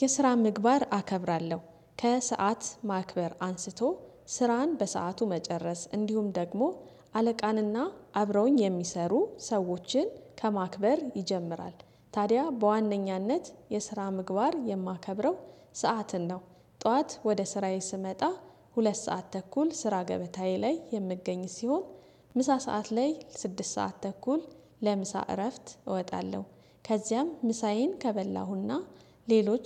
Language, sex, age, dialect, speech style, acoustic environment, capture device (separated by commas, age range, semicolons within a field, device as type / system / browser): Amharic, female, 35-44, Ethiopia - Addis Ababa, happy, silence, desktop / Windows / Chrome